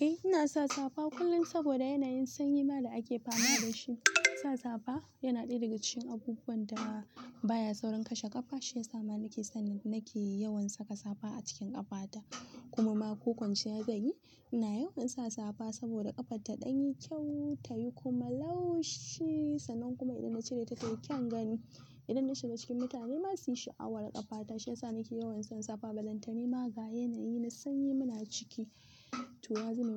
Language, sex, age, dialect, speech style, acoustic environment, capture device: Hausa, female, 18-24, Nigeria - Kano, happy, silence, mobile / Linux / Chrome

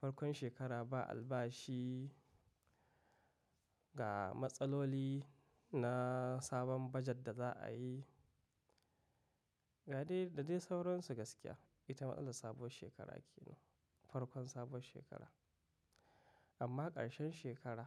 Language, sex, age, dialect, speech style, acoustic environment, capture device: Hausa, male, 25-34, Nigeria - Katsina, happy, nature, mobile / macOS / Safari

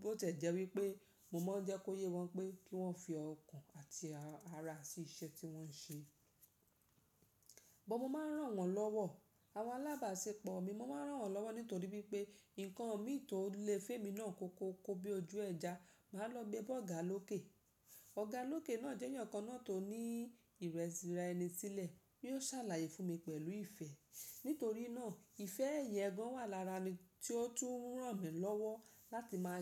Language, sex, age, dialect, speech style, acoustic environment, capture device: Yoruba, female, 35-44, Nigeria - Lagos Yoruba, focused, nature, mobile / Linux / Chrome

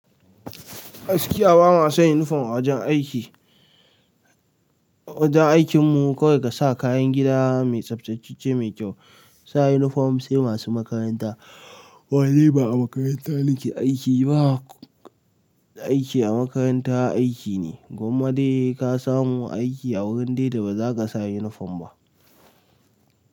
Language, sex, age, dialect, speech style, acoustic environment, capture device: Hausa, male, 18-24, Nigeria - Nigerian Standard, relaxed, people talking, mobile / Linux / Chrome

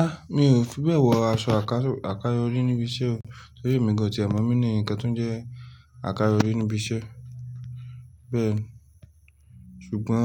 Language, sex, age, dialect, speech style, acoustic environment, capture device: Yoruba, male, 25-34, Nigeria - Lagos Yoruba, relaxed; tired, silence, mobile / Linux / Chrome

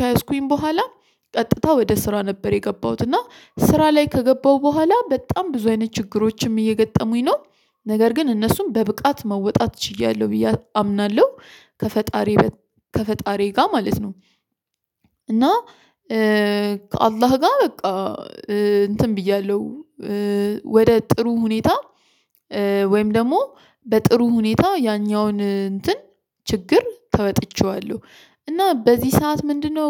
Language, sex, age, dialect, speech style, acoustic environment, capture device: Amharic, female, 25-34, Ethiopia - Addis Ababa, stressed; focused, silence, mobile / Linux / Chrome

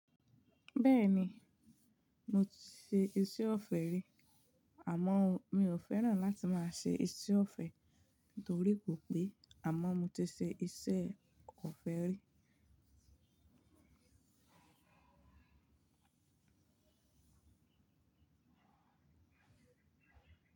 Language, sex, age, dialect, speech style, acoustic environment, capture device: Yoruba, female, 18-24, Nigeria - Ibadan, focused, silence; nature, mobile / Linux / Chrome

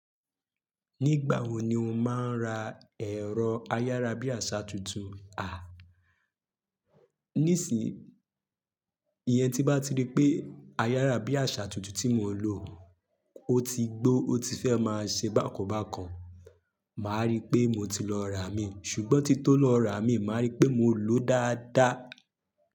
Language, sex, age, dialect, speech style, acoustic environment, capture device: Yoruba, male, 25-34, Nigeria - Lagos, happy, silence, mobile / Linux / Chrome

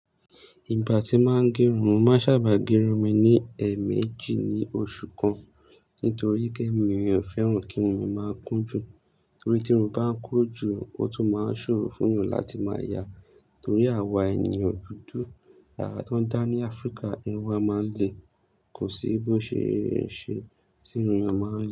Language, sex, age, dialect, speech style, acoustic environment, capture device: Yoruba, male, 25-34, Nigeria - Lagos Yoruba, focused, people talking; nature, mobile / Linux / Chrome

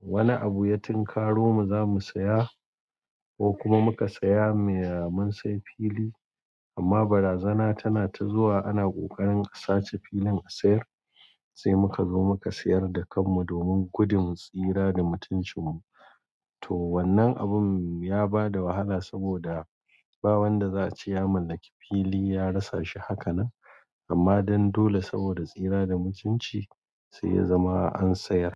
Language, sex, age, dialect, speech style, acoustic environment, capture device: Hausa, male, 45-54, Nigeria - Kano, tired, appliances, mobile / Android / Chrome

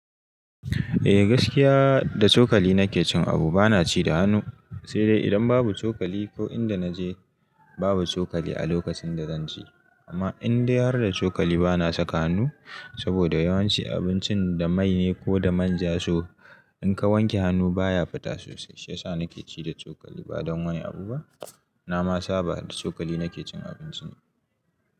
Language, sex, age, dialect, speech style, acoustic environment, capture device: Hausa, male, 18-24, Nigeria - Katsina, happy, nature, mobile / Linux / Chrome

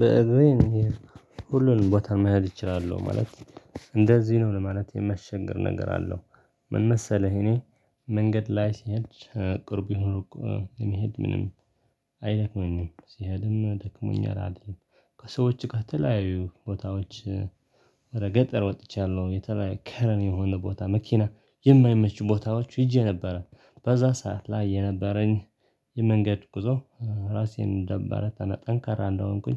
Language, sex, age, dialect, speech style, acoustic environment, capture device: Amharic, male, 25-34, Ethiopia - Tigray, relaxed, silence, desktop / Linux / Chrome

